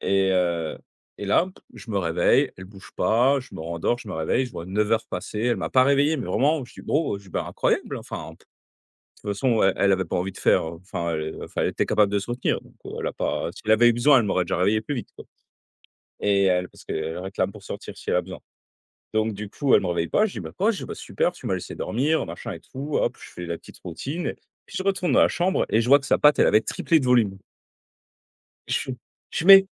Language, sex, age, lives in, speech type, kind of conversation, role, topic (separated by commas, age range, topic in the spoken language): French, male, 30-34, France, conversation, unstructured, none, Quelle est la chose la plus surprenante que ton animal ait déjà faite ?